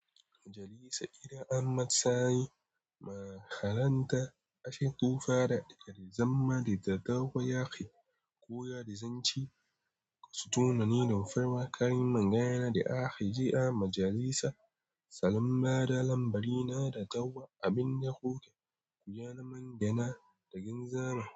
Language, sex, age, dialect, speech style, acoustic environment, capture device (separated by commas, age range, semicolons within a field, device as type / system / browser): Hausa, male, 18-24, Nigeria - Nigerian Standard, relaxed, silence, mobile / Android / Chrome